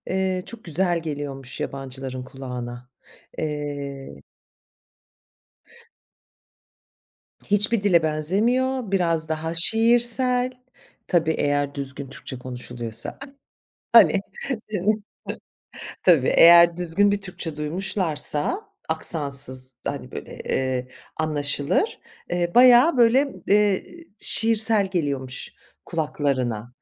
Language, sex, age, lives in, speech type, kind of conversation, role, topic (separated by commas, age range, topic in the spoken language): Turkish, female, 45-49, France, conversation, podcast, host, Evde kim hangi dili konuşurdu ve bu durum seni nasıl etkiledi?